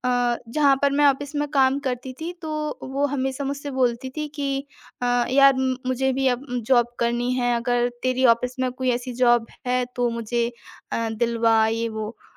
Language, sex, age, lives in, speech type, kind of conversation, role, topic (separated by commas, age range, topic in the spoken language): Hindi, female, 25-29, India, conversation, podcast, guest, Maaf karna aur bhool jana—donon mein kya farq hai, aap kaise samjhate?